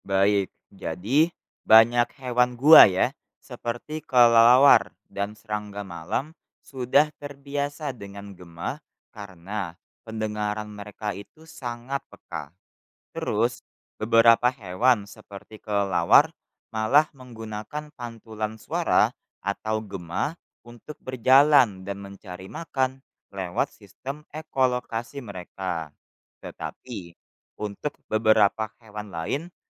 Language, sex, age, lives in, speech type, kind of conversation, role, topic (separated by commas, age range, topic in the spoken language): Indonesian, male, 20-24, Indonesia, read, expert-assistant, expert, Apa yang menyebabkan suara bergema di dalam gua?